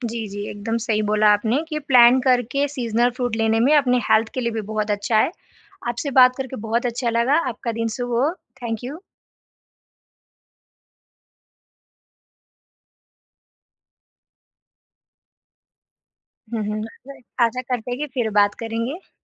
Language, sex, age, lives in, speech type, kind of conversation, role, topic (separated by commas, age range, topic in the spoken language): Hindi, female, 20-24, India, conversation, podcast, host, Seasonal fruits aur veggies kharidne ka fayda kya hai?